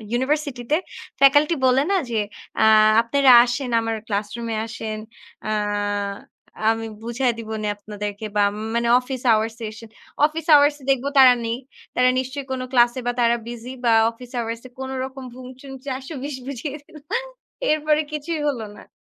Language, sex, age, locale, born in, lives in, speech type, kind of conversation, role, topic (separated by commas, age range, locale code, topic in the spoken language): Bengali, female, 20-24, bn-BD, Bangladesh, Bangladesh, conversation, unstructured, none, পড়াশোনার চাপ কমাতে আপনার সবচেয়ে পছন্দের উপায় কী?